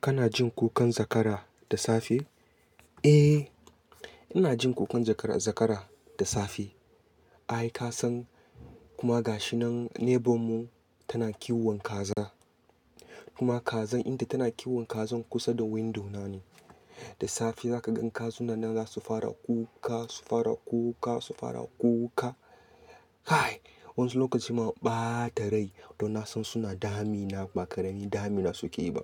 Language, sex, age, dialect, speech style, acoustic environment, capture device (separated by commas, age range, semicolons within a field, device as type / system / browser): Hausa, male, 25-34, Nigeria - Katsina, happy; focused, nature, desktop / Linux / Chrome